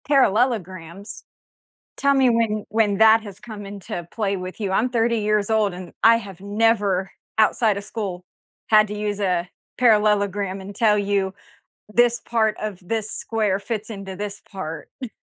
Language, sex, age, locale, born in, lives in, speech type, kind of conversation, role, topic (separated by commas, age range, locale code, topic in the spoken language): English, female, 30-34, en-US, United States, United States, conversation, unstructured, none, What class do you wish schools offered?